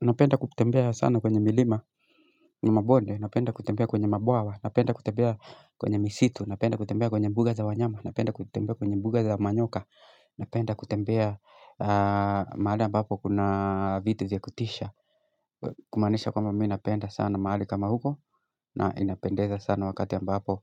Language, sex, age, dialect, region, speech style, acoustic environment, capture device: Swahili, male, 25-34, Kenya - Nairobi Sheng-influenced, Kenya, anxious, silence; music, mobile / Linux / Chrome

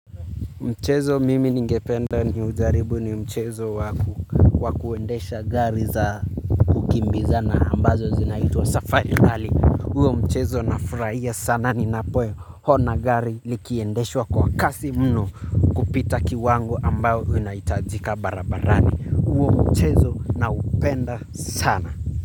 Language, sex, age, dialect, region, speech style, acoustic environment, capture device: Swahili, male, 25-34, Kenya - Nairobi Sheng-influenced, Kenya, relaxed, nature, mobile / Linux / Chrome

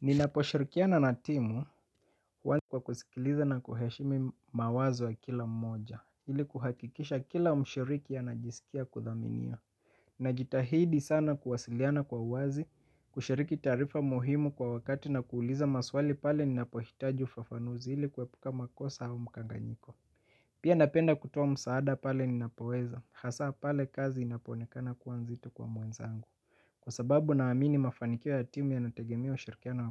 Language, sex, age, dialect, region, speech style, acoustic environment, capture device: Swahili, male, 25-34, Kenya - Mombasa, Kenya, happy, silence, mobile / Linux / Chrome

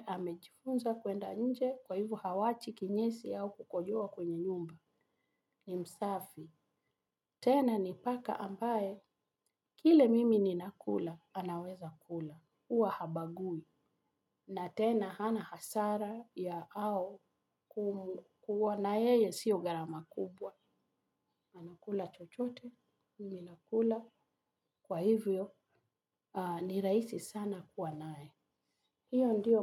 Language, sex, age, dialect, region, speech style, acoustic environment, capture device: Swahili, female, 45-54, Kenya - Nairobi Sheng-influenced, Kenya, relaxed, music, mobile / Linux / Chrome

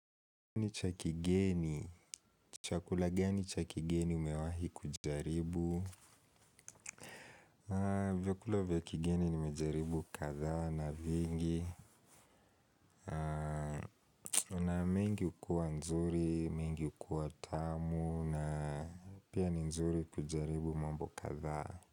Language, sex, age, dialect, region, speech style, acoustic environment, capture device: Swahili, male, 18-24, Kenya - Nairobi Sheng-influenced, Kenya, focused, people talking; appliances, mobile / Linux / Chrome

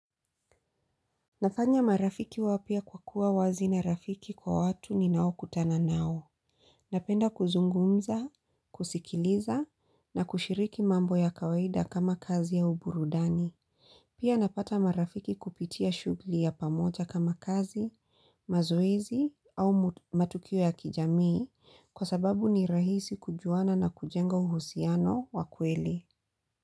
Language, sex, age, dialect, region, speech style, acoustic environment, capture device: Swahili, female, 35-44, Kenya - Mombasa, Kenya, happy, silence, mobile / Linux / Chrome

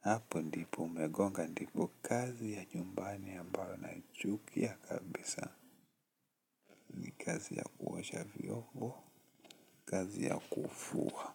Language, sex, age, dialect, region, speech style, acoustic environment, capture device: Swahili, male, 18-24, Kenya - Nairobi Sheng-influenced, Kenya, relaxed, nature, desktop / Linux / Chrome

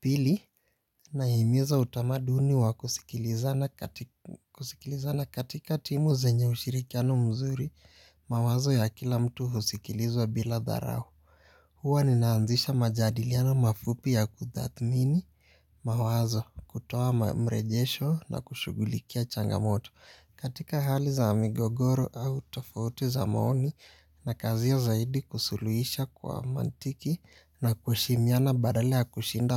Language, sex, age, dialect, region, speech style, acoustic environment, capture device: Swahili, male, 18-24, Kenya - Nairobi Sheng-influenced, Kenya, relaxed, silence, mobile / Linux / Chrome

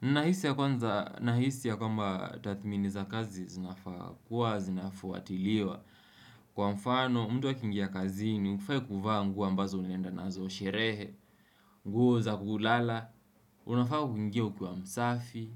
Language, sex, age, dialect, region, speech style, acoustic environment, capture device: Swahili, male, 18-24, Kenya - Mombasa, Kenya, relaxed, silence, desktop / Windows / Chrome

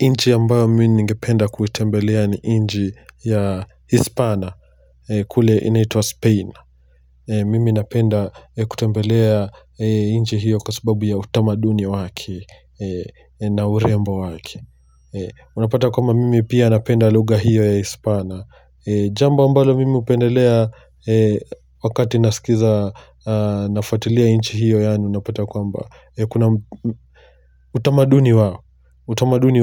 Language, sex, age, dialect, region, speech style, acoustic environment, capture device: Swahili, male, 25-34, Kenya - Mombasa, Kenya, relaxed; focused, silence, mobile / Linux / Chrome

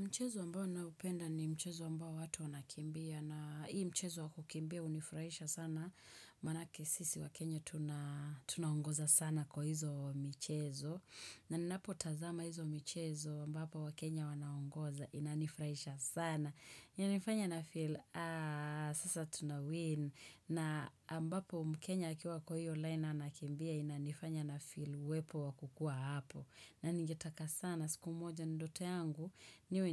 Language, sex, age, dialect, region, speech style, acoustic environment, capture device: Swahili, female, 25-34, Kenya - Nairobi Sheng-influenced, Kenya, happy, nature, desktop / Windows / Chrome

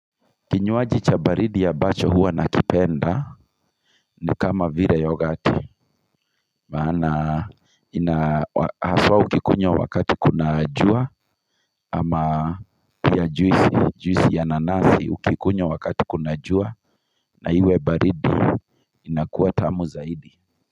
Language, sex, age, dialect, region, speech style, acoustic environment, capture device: Swahili, male, 35-44, Kenya - Kiambu, Kenya, focused, nature, mobile / Linux / Chrome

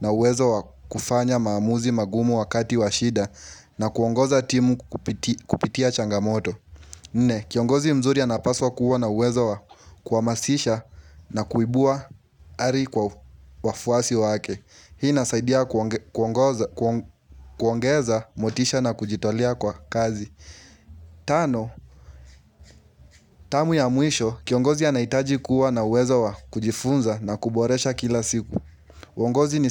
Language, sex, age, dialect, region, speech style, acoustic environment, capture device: Swahili, male, 18-24, Kenya - Nairobi Sheng-influenced, Kenya, relaxed; focused, nature, mobile / Linux / Chrome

